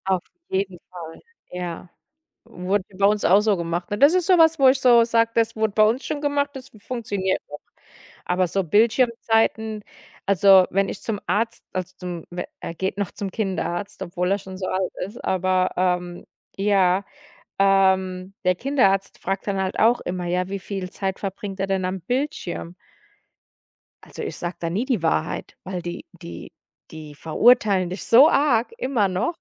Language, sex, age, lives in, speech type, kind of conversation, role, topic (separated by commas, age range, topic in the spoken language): German, female, 35-39, United States, conversation, podcast, guest, Wie geht ihr mit Bildschirmzeiten bei Kindern um?